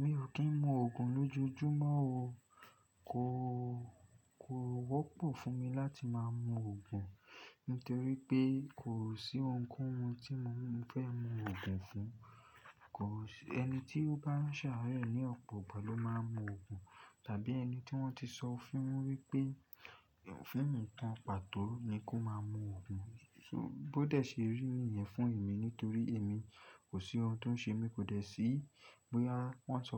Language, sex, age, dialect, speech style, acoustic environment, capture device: Yoruba, male, 25-34, Nigeria - Lagos Yoruba, focused; nervous; relaxed, silence; nature, desktop / Linux / Chrome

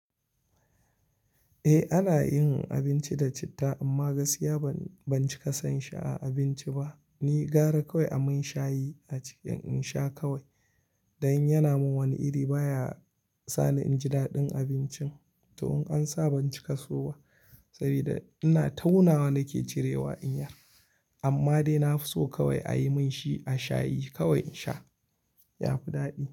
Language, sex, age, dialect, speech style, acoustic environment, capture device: Hausa, male, 25-34, Nigeria - Kano, happy; anxious; relaxed; excited; nervous; focused; stressed, people talking; music; nature; silence; construction; appliances; traffic, mobile / Linux / Chrome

